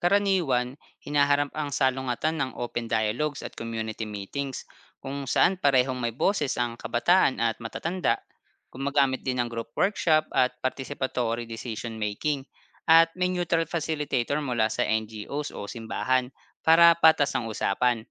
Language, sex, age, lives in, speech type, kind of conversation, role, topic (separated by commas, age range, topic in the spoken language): Filipino, male, 30-34, Philippines, read, expert-assistant, expert, Anong mga bagong paraan ang puwedeng subukan para mas maging patas ang tulong ng pamahalaan sa iba’t ibang lugar?